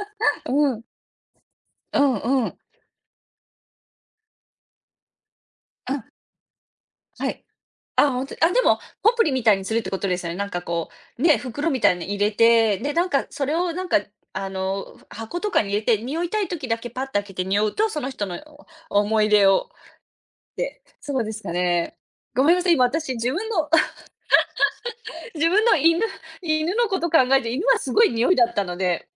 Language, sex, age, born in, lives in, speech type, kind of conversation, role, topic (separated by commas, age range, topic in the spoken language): Japanese, female, 40-44, Japan, United States, conversation, unstructured, none, 愛する人の思い出をどのように残していますか？